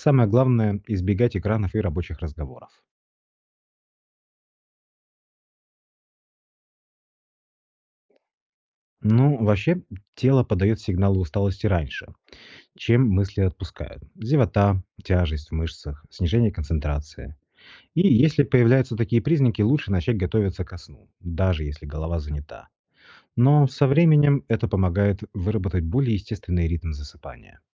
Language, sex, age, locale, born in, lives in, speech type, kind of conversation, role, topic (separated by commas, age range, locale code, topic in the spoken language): Russian, male, 35-39, ru-RU, Russia, Italy, read, expert-assistant, expert, Какие простые привычки и системы помогают наладить здоровый сон в повседневной жизни?